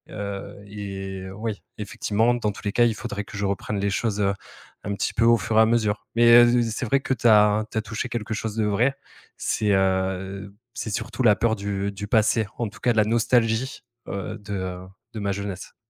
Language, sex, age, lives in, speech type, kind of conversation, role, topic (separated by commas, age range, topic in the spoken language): French, male, 30-34, France, conversation, advice, user, Comment reprendre le sport après une longue pause quand on ne sait pas par où commencer ?